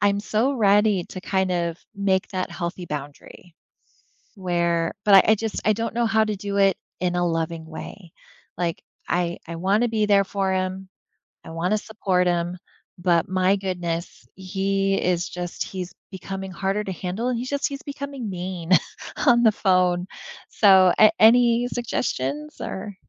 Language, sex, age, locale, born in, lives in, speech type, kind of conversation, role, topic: English, female, 40-44, en-US, United States, United States, conversation, advice, user, How can I repair my friendship?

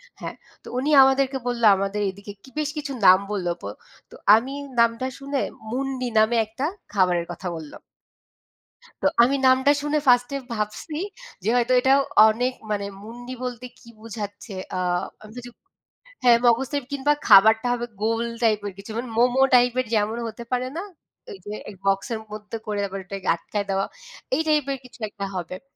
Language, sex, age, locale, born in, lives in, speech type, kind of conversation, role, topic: Bengali, female, 25-29, bn-BD, Bangladesh, Bangladesh, conversation, unstructured, none, ভ্রমণে আপনি কোন ধরনের খাবার সবচেয়ে বেশি পছন্দ করেন?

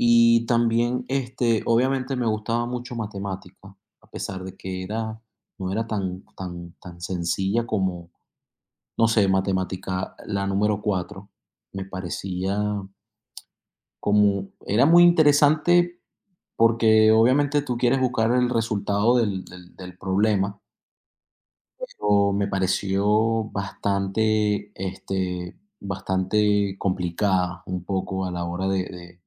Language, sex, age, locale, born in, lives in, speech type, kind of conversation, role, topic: Spanish, male, 30-34, es-VE, Venezuela, United States, conversation, unstructured, none, ¿Qué materia te gusta más y por qué?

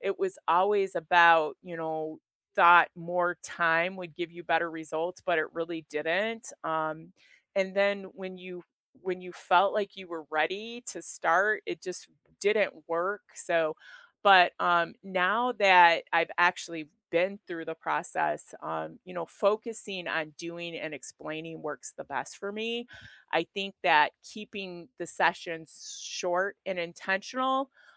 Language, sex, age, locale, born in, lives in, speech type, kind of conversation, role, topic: English, female, 55-59, en-US, United States, United States, conversation, unstructured, none, Which study hacks have truly worked for you, and what stories made them stick?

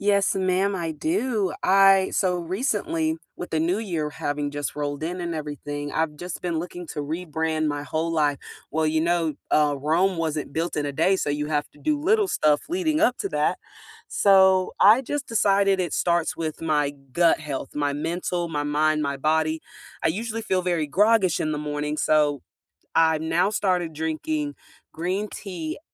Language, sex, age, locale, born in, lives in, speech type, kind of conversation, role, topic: English, female, 20-24, en-US, United States, United States, conversation, unstructured, none, What small habit really changed your daily routine?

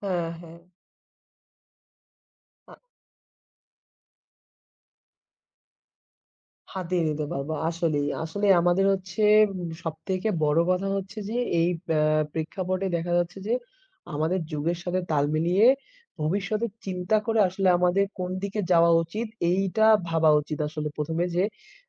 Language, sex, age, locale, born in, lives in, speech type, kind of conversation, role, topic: Bengali, male, 20-24, bn-BD, Bangladesh, Bangladesh, conversation, unstructured, none, কৃত্রিম বুদ্ধিমত্তা কি মানুষকে চাকরি থেকে ছাঁটাই করে দিতে পারে?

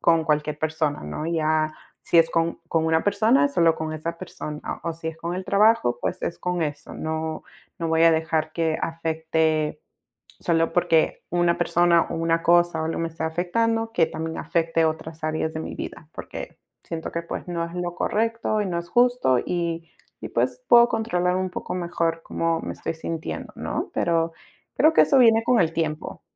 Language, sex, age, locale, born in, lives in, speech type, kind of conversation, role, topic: Spanish, female, 30-34, es-PE, United States, United States, conversation, unstructured, none, ¿Cómo manejas el estrés en tu vida diaria?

